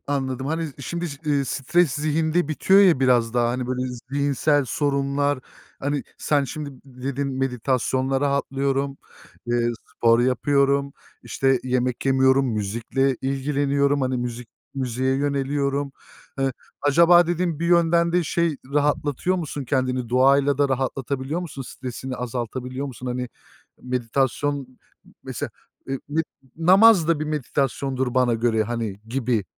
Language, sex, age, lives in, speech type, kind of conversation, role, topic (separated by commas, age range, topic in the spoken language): Turkish, male, 30-34, Germany, conversation, unstructured, none, Stresle başa çıkmak için denediğin yöntemler neler?